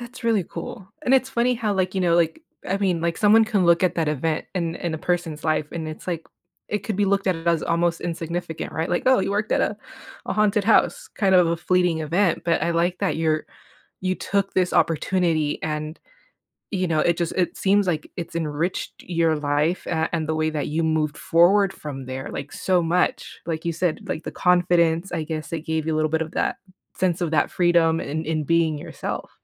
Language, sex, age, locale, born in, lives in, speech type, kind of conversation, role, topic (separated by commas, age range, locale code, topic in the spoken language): English, female, 35-39, en-US, United States, United States, conversation, podcast, host, What helps you feel most authentic in your daily life?